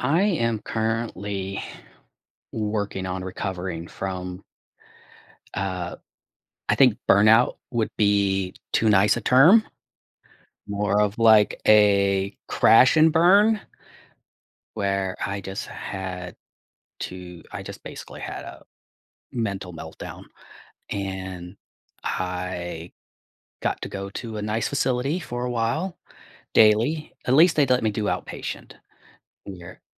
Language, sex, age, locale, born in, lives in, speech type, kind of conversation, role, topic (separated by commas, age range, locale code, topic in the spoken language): English, male, 45-49, en-US, United States, United States, conversation, advice, user, How can I prevent burnout?